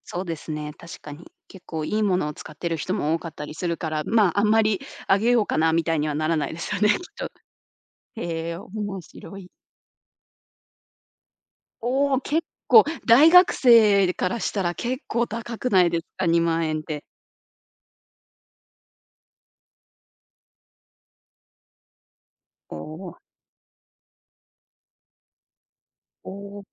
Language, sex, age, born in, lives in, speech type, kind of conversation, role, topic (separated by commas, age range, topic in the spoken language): Japanese, female, 30-34, Japan, United States, conversation, podcast, host, 狭い部屋を快適にするアイデアは？